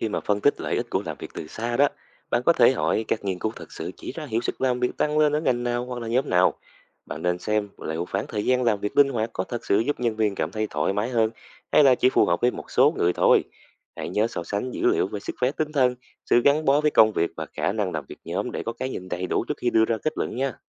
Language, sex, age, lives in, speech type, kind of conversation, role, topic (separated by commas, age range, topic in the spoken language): Vietnamese, male, 20-24, Vietnam, read, expert-assistant, expert, Làm thế nào để phát triển kỹ năng tư duy phản biện?